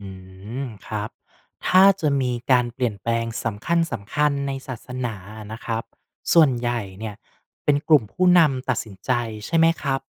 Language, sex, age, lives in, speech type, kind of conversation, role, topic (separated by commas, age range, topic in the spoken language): Thai, male, 35-39, Thailand, read, expert-assistant, asker, ศาสนาเปลี่ยนแปลงตามสังคมได้อย่างไร?